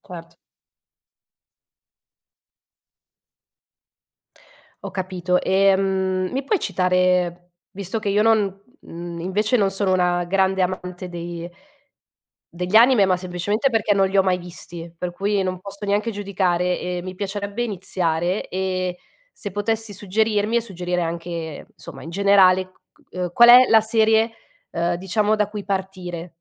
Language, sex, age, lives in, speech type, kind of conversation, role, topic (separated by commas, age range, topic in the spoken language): Italian, female, 30-34, Italy, conversation, podcast, host, Cosa cerchi in una nuova serie TV?